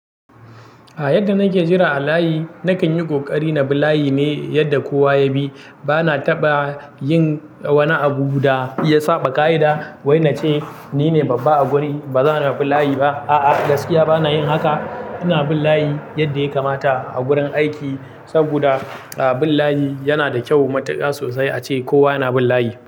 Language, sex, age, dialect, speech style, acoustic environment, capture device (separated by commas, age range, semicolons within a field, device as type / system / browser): Hausa, male, 18-24, Nigeria - Kano, happy, silence, mobile / Linux / Chrome